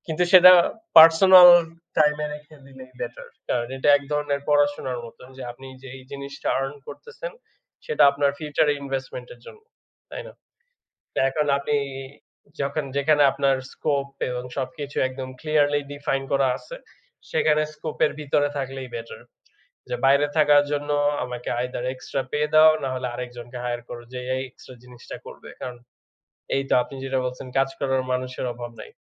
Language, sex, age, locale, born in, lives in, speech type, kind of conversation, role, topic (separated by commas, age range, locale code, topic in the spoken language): Bengali, male, 25-29, bn-BD, Bangladesh, Bangladesh, conversation, unstructured, none, কর্মক্ষেত্রে ম্যানেজারের সিদ্ধান্তের বিরোধিতা করে কথা বলতে আপনার কেমন লাগে?